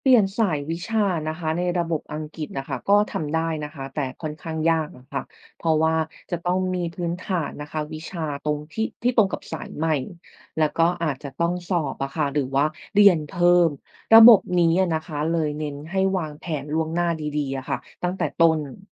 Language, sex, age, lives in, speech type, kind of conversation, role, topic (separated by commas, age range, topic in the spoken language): Thai, female, 30-34, Thailand, read, expert-assistant, expert, ถ้าอยากเข้าใจระบบการศึกษาของแต่ละประเทศ ควรเริ่มหาข้อมูลจากที่ไหนก่อนดี